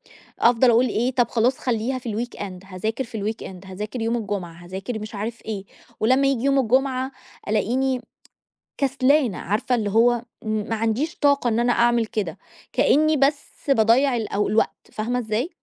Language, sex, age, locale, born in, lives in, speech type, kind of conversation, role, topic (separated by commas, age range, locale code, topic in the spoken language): Arabic, female, 30-34, ar-EG, Egypt, Egypt, conversation, advice, user, إزاي أبطل أأجل مهام هدفي على طول وبحسّ بالذنب؟